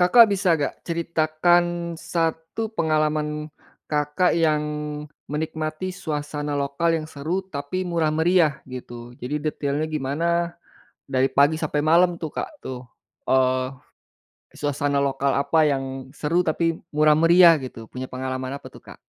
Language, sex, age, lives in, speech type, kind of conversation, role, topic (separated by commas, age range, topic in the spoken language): Indonesian, male, 45-49, Indonesia, conversation, podcast, host, Apa tipsmu agar bisa menikmati suasana lokal tanpa mengeluarkan banyak uang?